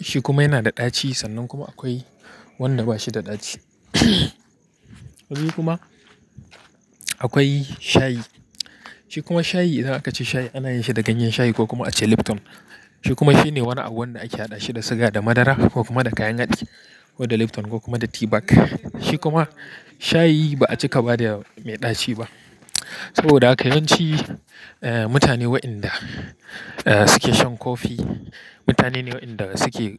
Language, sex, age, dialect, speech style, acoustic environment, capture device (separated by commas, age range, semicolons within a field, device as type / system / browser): Hausa, male, 25-34, Nigeria - Kano, relaxed; anxious, people talking; nature, mobile / Linux / Chrome